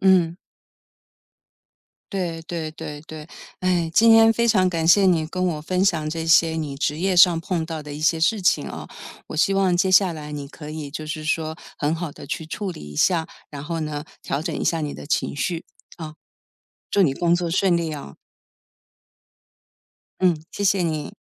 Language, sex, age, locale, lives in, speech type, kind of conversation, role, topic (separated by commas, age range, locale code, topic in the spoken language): Chinese, female, 55-59, zh-TW, United States, conversation, podcast, host, 遇到职业倦怠时，你会如何调整自己？